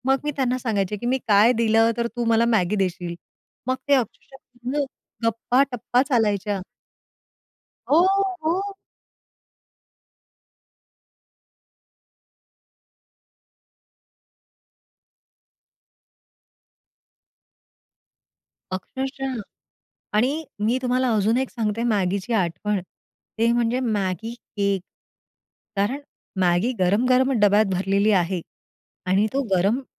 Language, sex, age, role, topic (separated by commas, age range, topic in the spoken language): Marathi, female, 40-44, guest, शाळेतल्या टिफिनबद्दल तुमची सर्वात लक्षात राहिलेली आठवण कोणती आहे?